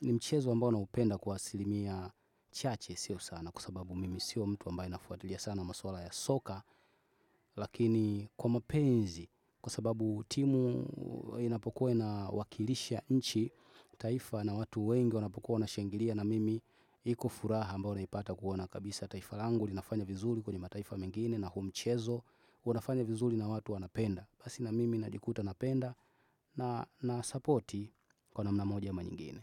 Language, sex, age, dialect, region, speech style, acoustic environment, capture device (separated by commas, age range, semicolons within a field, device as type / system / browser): Swahili, male, 25-34, Tanzania - Dar es Salaam, Tanzania, focused, people talking, desktop / Windows / Chrome